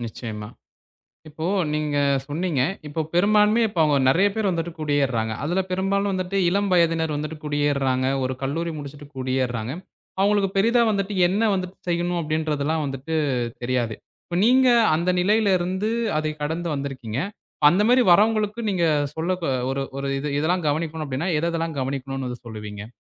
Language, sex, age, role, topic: Tamil, male, 20-24, host, ஒரு சிறிய ஊரிலிருந்து பெரிய நகரத்துக்கு குடியேறலாமா என்று எப்படி முடிவு செய்வீர்கள்?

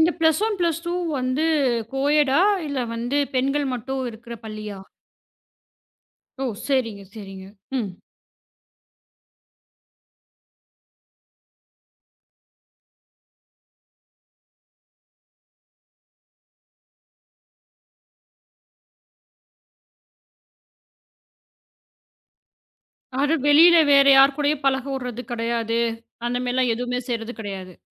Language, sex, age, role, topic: Tamil, female, 35-39, host, ஓவர்ப்ரோட்டெக்ஷன் மற்றும் சுதந்திரம் இடையில் சமநிலையை எவ்வாறு கண்டுபிடிக்கலாம்?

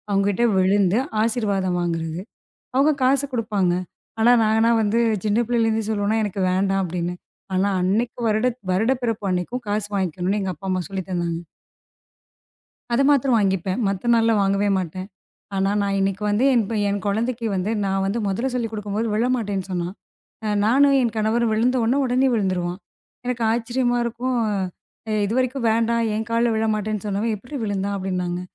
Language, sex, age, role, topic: Tamil, female, 35-39, guest, குழந்தைகளுக்கு உங்கள் மரபுகளை இயல்பாக எப்படி எடுத்துச்சொல்வீர்கள்?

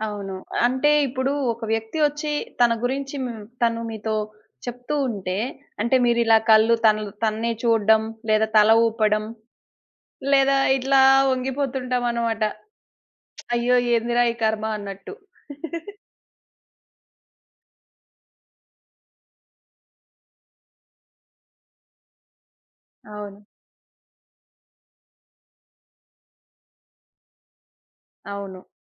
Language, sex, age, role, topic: Telugu, female, 30-34, host, మీరు మంచి శ్రోతగా ఉన్నప్పుడు మీ శరీర భాష ఎలా ఉండాలి?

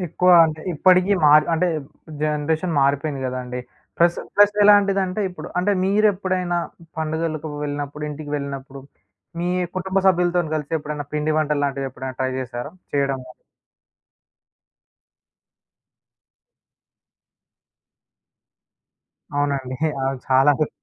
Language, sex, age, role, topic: Telugu, male, 20-24, host, మీరు సంరక్షించదలచుకున్న పండగ రీతి ఏదో చెప్పగలరా?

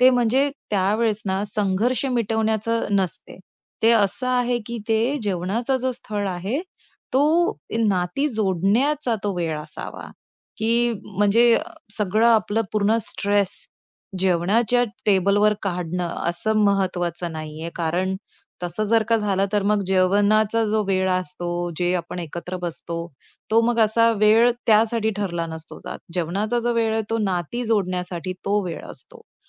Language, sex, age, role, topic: Marathi, female, 35-39, guest, जेवणाच्या वेळी कुटुंबातील वातावरण तुम्ही कसे प्रसन्न ठेवता?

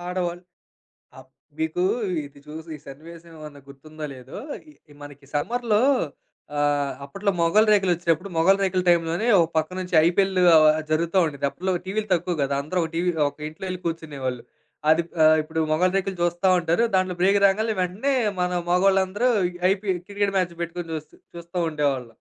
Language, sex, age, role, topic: Telugu, male, 25-29, host, చిన్న వీడియోలు టీవీకి భయంకరమైన సవాలుగా మారాయా?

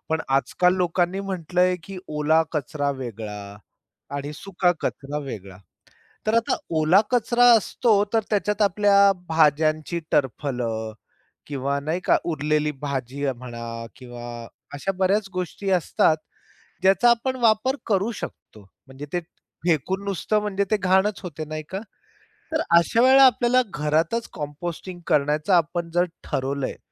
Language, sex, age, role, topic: Marathi, male, 45-49, guest, घरात कंपोस्ट तयार करायला सुरुवात कशी करावी, हे सोप्या शब्दांत सांगशील का?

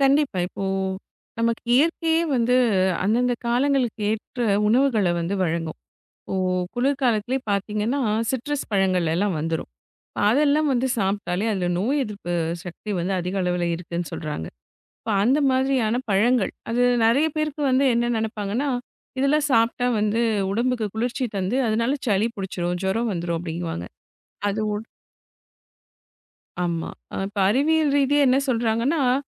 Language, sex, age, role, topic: Tamil, female, 35-39, guest, மழைக்காலம் கர்ப்பம், பிரசவம் மற்றும் குழந்தை வளர்ச்சியை எவ்வாறு பாதிக்கிறது?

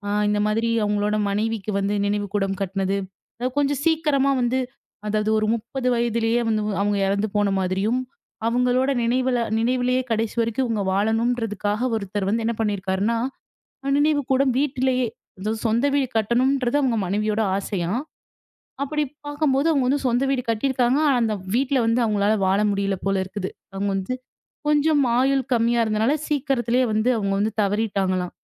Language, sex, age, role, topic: Tamil, female, 25-29, guest, உங்கள் முன்னோர்களை நீங்கள் நினைவுகூரும் வழிகள் என்னென்ன?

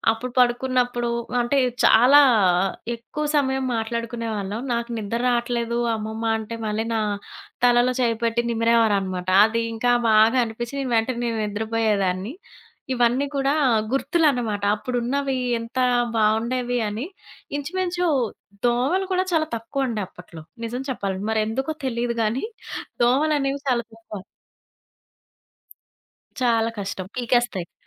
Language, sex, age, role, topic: Telugu, female, 30-34, guest, రాత్రి నక్షత్రాలను చూస్తూ గడిపిన ఒక రాత్రి గురించి చెప్పగలరా?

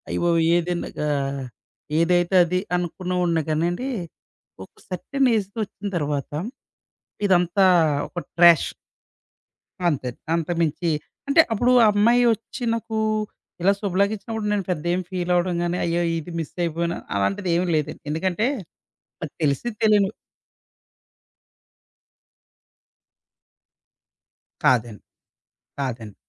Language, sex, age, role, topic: Telugu, male, 30-34, guest, మీ జీవితంలో ఓ సందర్భంలో కలిసిన వ్యక్తితో మళ్లీ కలిసే అవకాశం వస్తే, మీరు అతనికి/ఆమెకు ఏమి చెబుతారు?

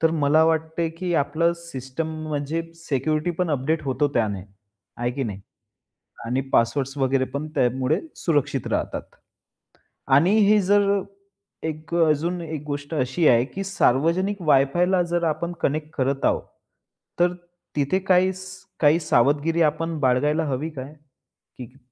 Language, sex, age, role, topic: Marathi, male, 25-29, host, तुम्ही तुमचे पासवर्ड आणि ऑनलाइन सुरक्षितता कशी सांभाळता?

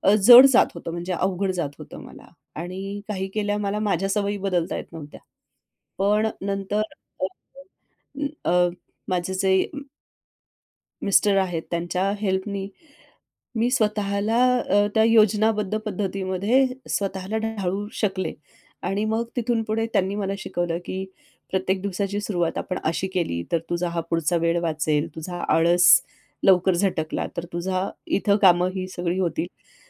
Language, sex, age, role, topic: Marathi, female, 45-49, guest, तुम्ही दिवसाची योजना कशी करता, आणि त्यासाठी काही ठराविक नियम पाळता का?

